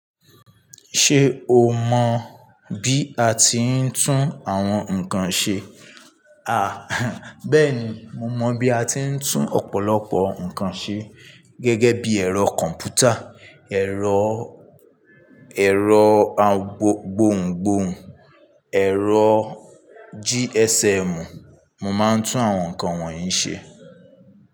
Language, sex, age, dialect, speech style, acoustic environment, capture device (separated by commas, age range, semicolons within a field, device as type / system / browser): Yoruba, male, 25-34, Nigeria - Ibadan, focused; stressed; tired; happy, nature; people talking; traffic; appliances, mobile / Linux / Chrome